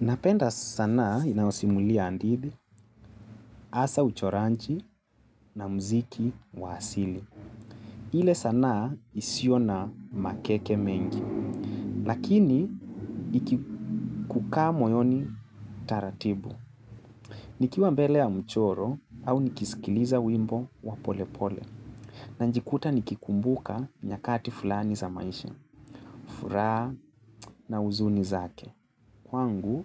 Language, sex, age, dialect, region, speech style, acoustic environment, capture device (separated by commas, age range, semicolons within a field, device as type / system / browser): Swahili, male, 25-34, Kenya - Nairobi Sheng-influenced, Kenya, relaxed, silence, mobile / Linux / Chrome